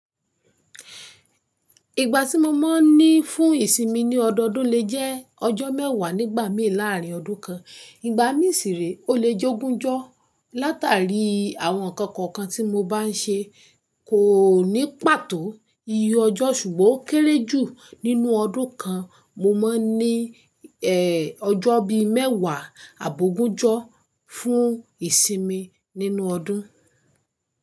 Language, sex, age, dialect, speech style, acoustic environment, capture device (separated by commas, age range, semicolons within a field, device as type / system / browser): Yoruba, female, 25-34, Nigeria - Ibadan, focused, silence, mobile / Linux / Chrome